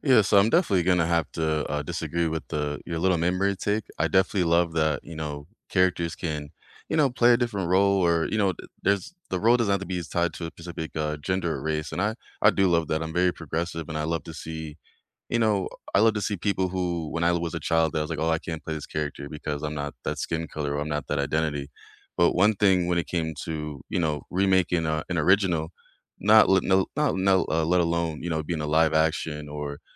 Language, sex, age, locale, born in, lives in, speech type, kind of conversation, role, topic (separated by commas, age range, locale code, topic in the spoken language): English, male, 25-29, en-US, United States, United States, conversation, unstructured, none, Should streaming platforms prioritize investing in original content over reviving or rebooting legacy franchises?